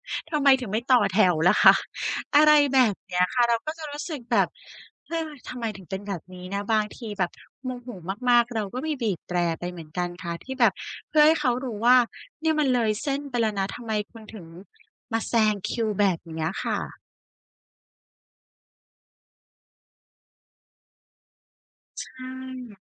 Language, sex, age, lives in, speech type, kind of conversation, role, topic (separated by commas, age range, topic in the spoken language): Thai, female, 35-39, Thailand, conversation, advice, user, จะฝึกหยุดสักครู่ก่อนตอบตอนโกรธเพื่อไม่ให้โต้ตอบแรงได้อย่างไร?